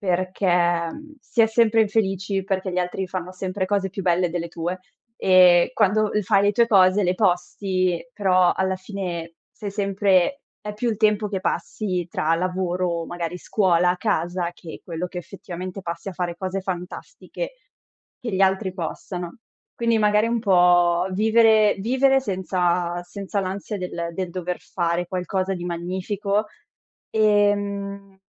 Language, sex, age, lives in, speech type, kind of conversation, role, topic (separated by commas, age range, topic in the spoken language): Italian, female, 25-29, Italy, conversation, podcast, guest, Quale consiglio daresti al tuo io più giovane?